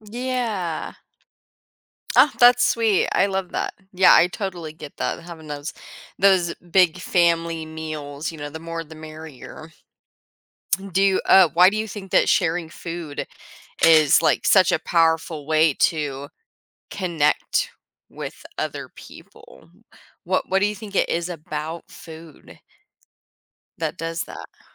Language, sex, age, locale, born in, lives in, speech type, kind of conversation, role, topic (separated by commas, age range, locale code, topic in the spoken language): English, female, 25-29, en-US, United States, United States, conversation, podcast, host, Why do shared meals have such a strong impact on our relationships and sense of community?